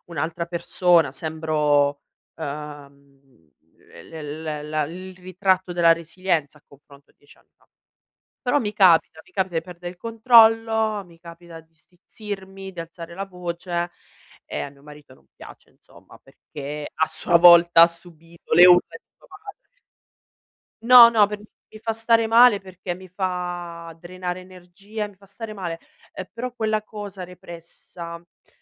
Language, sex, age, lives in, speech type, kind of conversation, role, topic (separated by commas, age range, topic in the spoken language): Italian, female, 35-39, Italy, conversation, advice, user, Come posso comunicare con la mia famiglia senza ripetere i vecchi schemi familiari?